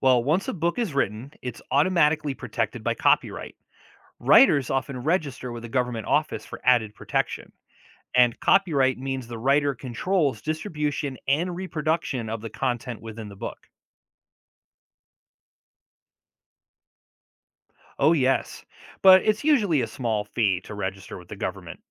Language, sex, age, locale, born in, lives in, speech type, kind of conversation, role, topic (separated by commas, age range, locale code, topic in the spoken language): English, male, 40-44, en-US, United States, United States, read, expert-assistant, expert, Explain the process of writing and publishing a book.